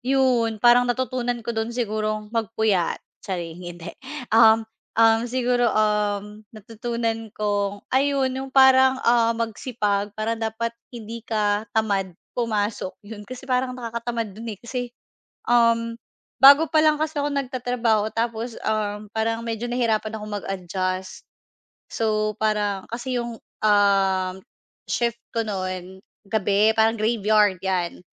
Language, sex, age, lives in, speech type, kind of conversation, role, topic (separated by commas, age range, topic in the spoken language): Filipino, female, 35-39, Philippines, conversation, podcast, guest, Ano ang una mong trabaho at ano ang natutunan mo roon?